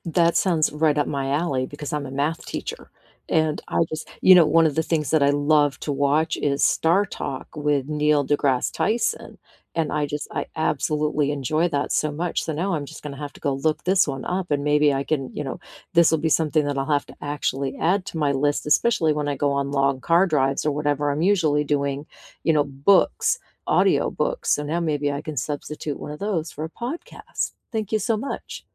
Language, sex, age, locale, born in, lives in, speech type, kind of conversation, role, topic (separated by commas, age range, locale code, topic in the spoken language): English, female, 55-59, en-US, United States, United States, conversation, unstructured, none, What has been keeping you company lately—music or podcasts—and why?